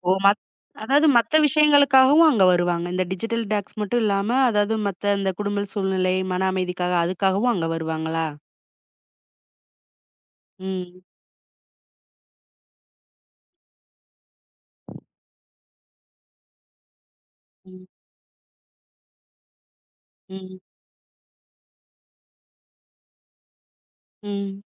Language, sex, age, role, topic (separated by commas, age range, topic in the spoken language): Tamil, female, 35-39, host, டிஜிட்டல் சாதனங்களிலிருந்து சிறிது காலம் விலகி இருந்திருக்கிறீர்களா, இருந்தால் அது எப்படி இருந்தது?